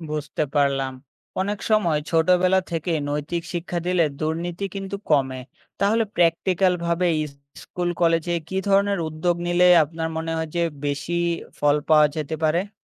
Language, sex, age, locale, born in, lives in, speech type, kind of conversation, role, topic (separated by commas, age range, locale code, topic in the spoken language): Bengali, male, 20-24, bn-BD, Bangladesh, Bangladesh, read, expert-assistant, asker, দুর্নীতি প্রতিরোধে করণীয়